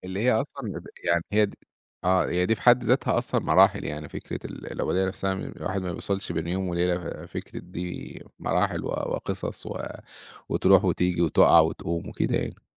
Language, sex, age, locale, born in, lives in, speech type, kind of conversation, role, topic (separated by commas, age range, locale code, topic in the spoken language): Arabic, male, 30-34, ar-EG, Egypt, Egypt, conversation, podcast, guest, إيه اللي مدي حياتك معنى دلوقتي؟